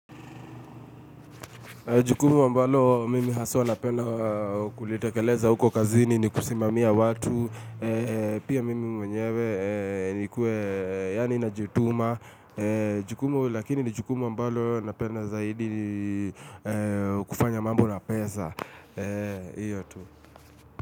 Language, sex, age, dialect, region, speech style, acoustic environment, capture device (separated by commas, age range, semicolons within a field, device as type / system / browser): Swahili, male, 25-34, Kenya - Nairobi Sheng-influenced, Kenya, relaxed, music, mobile / Linux / Chrome